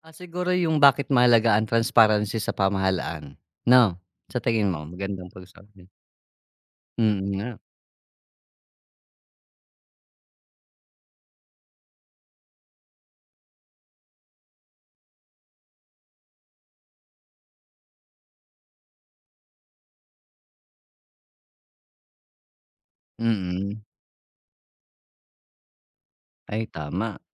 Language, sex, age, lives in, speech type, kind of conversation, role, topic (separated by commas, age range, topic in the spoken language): Filipino, male, 45-49, Philippines, conversation, unstructured, none, Bakit mahalaga ang pagiging bukas at malinaw ng pamahalaan?